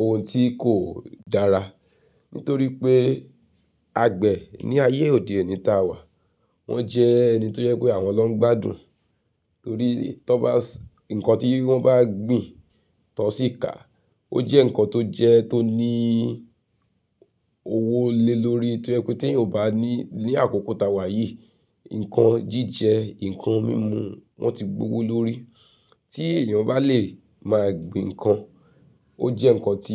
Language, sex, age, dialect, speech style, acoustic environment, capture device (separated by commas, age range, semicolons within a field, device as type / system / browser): Yoruba, female, 35-44, Nigeria - Nigerian Standard, focused, nature; silence, mobile / Linux / Chrome